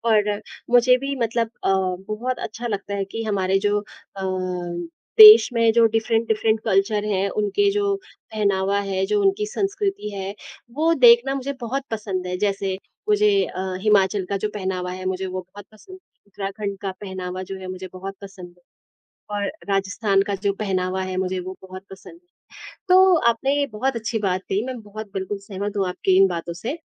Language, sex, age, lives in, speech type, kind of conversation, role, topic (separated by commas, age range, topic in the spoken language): Hindi, female, 25-29, India, conversation, unstructured, none, क्या किसी व्यक्ति की पहचान केवल उसके कपड़ों के आधार पर आँकना सही है?